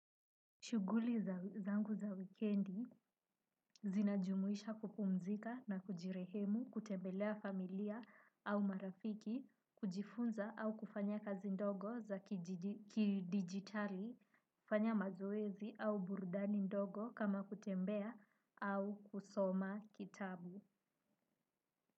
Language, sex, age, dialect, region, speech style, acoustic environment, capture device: Swahili, female, 18-24, Kenya - Nairobi Sheng-influenced, Kenya, relaxed, silence, desktop / Windows / Chrome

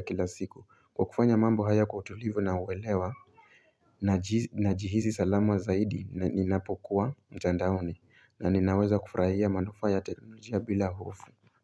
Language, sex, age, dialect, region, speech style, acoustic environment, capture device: Swahili, male, 25-34, Kenya - Mombasa, Kenya, happy, silence, mobile / Linux / Chrome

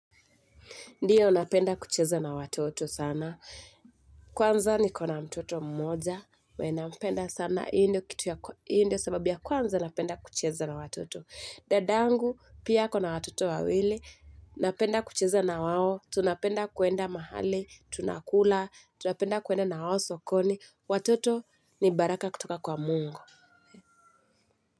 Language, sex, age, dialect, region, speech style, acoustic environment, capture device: Swahili, female, 25-34, Kenya - Mombasa, Kenya, anxious, nature, mobile / Linux / Chrome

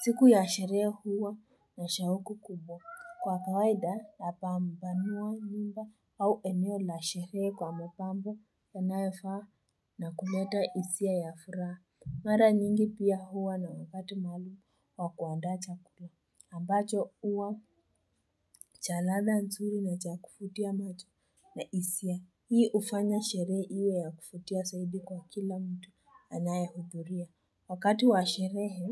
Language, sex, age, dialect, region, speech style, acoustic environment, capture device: Swahili, female, 18-24, Kenya - Nairobi Sheng-influenced, Kenya, focused, people talking, mobile / Linux / Chrome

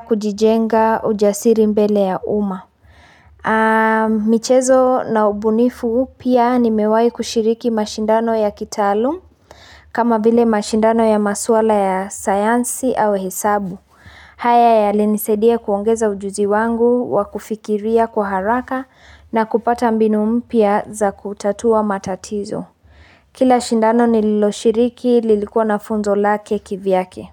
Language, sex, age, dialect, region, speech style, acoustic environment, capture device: Swahili, female, 25-34, Kenya - Nairobi Sheng-influenced, Kenya, happy, nature, mobile / Linux / Chrome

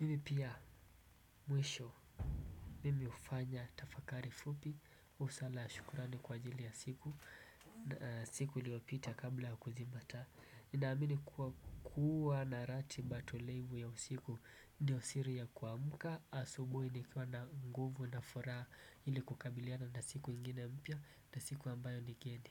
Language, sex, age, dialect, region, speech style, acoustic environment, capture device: Swahili, male, 18-24, Kenya - Mombasa, Kenya, relaxed, silence, desktop / Windows / Chrome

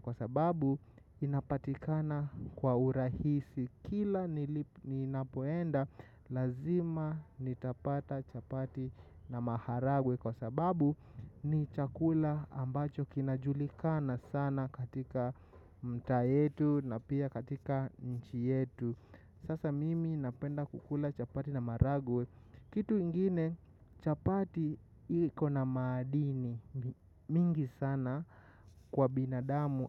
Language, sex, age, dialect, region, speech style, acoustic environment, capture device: Swahili, male, 25-34, Kenya - Nairobi Sheng-influenced, Kenya, excited, nature, mobile / macOS / Safari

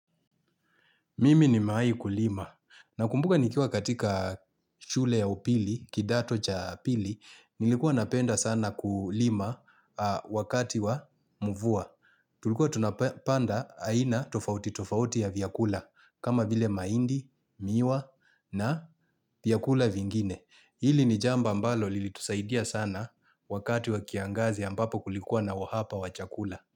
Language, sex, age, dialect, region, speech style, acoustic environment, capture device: Swahili, male, 35-44, Kenya - Mombasa, Kenya, excited, people talking; construction; appliances, mobile / Linux / Chrome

